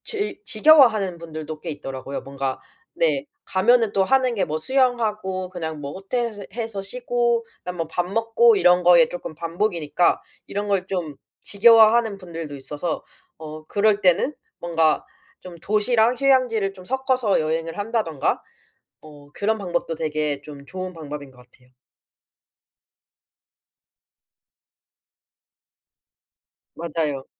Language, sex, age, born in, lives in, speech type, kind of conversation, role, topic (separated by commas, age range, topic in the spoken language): Korean, female, 25-29, South Korea, South Korea, conversation, podcast, guest, 혼자 여행할 때는 보통 어떻게 계획을 세우는 편이야?